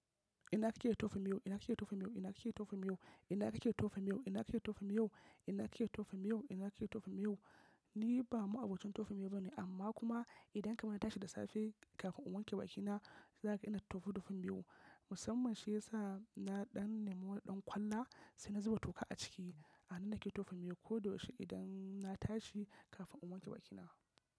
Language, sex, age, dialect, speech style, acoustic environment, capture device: Hausa, male, 25-34, Nigeria - Kano, focused, nature, mobile / macOS / Safari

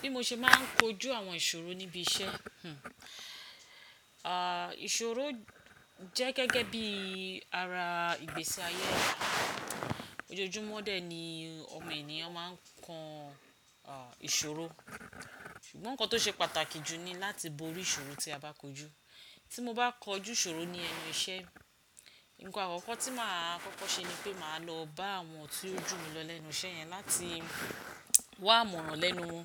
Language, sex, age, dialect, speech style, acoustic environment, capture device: Yoruba, female, 45-54, Nigeria - Ibadan, focused, silence, desktop / Windows / Chrome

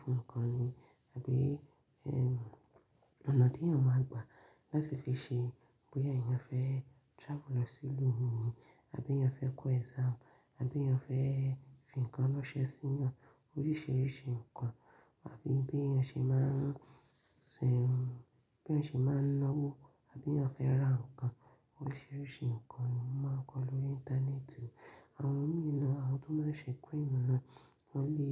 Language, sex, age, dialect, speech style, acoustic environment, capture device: Yoruba, female, 25-34, Nigeria - Ibadan, focused, silence; nature, mobile / Linux / Chrome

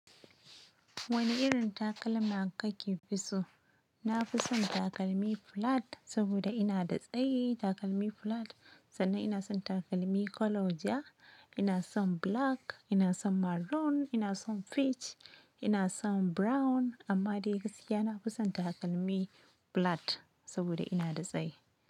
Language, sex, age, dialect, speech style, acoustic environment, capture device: Hausa, female, 25-34, Nigeria - Nigerian Standard, happy; excited, nature, mobile / Linux / Chrome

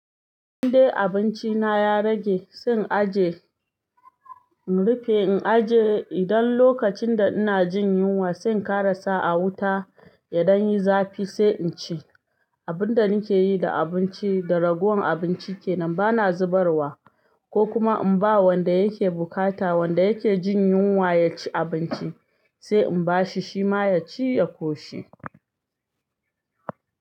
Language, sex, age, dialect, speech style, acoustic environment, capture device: Hausa, female, 25-34, Nigeria - Ibadan, happy; excited, silence, desktop / Linux / Chrome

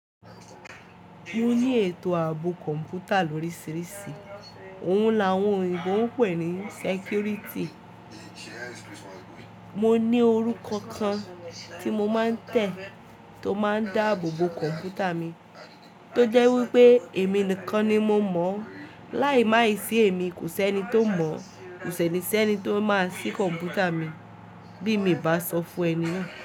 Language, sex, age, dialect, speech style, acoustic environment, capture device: Yoruba, female, 18-24, Nigeria - Ibadan, tired; focused, people talking; music; silence; nature; appliances, mobile / Linux / Chrome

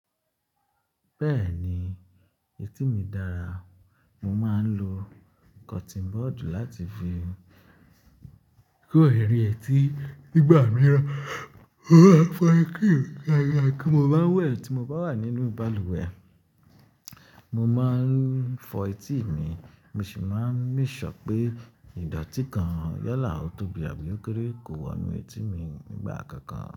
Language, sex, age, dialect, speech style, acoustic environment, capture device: Yoruba, male, 25-34, Nigeria - Ibadan, happy; relaxed; excited; focused, silence, mobile / Linux / Chrome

